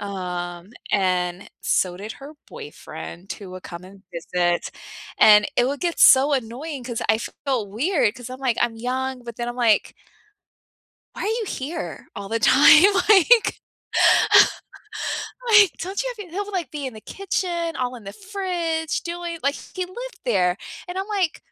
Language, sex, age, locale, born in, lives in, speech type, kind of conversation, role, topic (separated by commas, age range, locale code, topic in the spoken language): English, female, 35-39, en-US, United States, United States, conversation, unstructured, none, How can you make living with someone feel fair when your chores and preferences are so different?